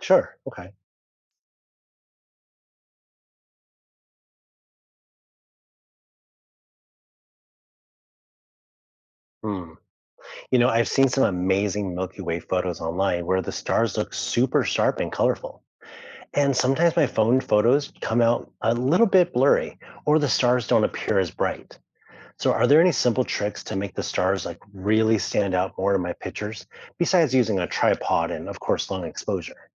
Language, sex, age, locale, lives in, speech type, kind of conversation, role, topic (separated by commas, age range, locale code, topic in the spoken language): English, male, 35-39, en-US, United States, read, expert-assistant, asker, Why do people enjoy looking at the stars?